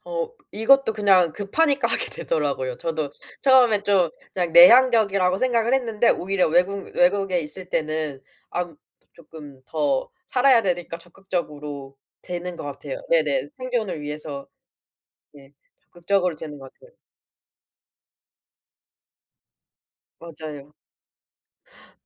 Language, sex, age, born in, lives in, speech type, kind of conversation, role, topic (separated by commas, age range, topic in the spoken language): Korean, female, 25-29, South Korea, South Korea, conversation, podcast, guest, 혼자 여행할 때는 보통 어떻게 계획을 세우는 편이야?